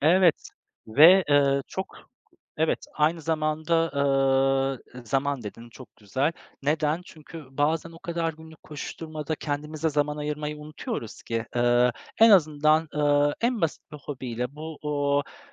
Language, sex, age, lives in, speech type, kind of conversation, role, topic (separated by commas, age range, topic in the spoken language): Turkish, male, 35-39, Poland, conversation, unstructured, none, Hobilerin yeni beceriler öğrenmene nasıl yardımcı oluyor?